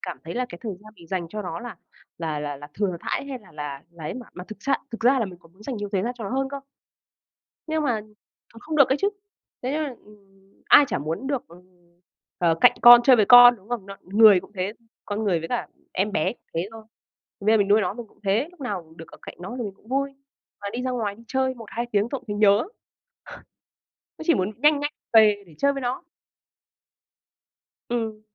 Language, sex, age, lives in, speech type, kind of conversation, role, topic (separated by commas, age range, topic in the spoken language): Vietnamese, female, 25-29, Vietnam, conversation, unstructured, none, Bạn nghĩ sao về việc nuôi thú cưng so với không nuôi thú cưng?